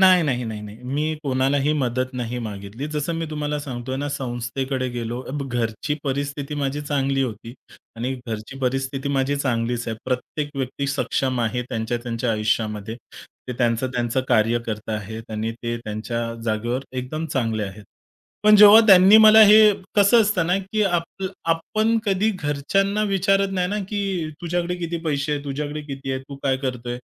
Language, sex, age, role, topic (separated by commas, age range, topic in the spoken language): Marathi, male, 30-34, guest, कठीण काळात तुमच्या कुटुंबाने तुम्हाला कसा आधार दिला?